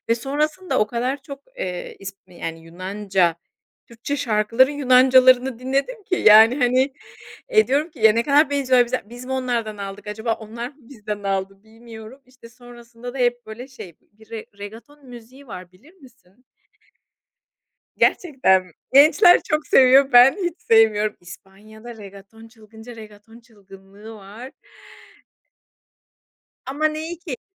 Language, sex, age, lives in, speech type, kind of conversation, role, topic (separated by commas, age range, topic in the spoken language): Turkish, female, 40-44, Spain, conversation, unstructured, none, Müzik dinlemek gününü nasıl etkiler?